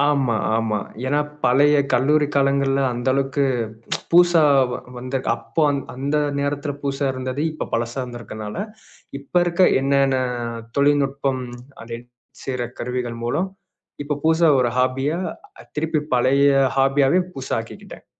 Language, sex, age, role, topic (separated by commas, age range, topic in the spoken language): Tamil, male, 25-29, guest, புதிதாக ஒரு பொழுதுபோக்கைத் தொடங்க நீங்கள் எப்படி முயற்சி செய்கிறீர்கள்?